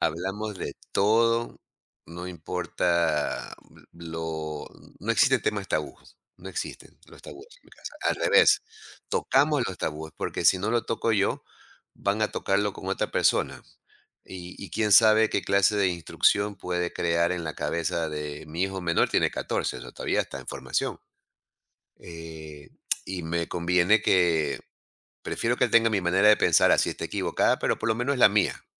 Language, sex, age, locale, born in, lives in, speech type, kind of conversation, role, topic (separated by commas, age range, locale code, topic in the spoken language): Spanish, male, 55-59, es-EC, Ecuador, United States, conversation, podcast, guest, ¿Cómo equilibras el hablar y el escuchar en una conversación?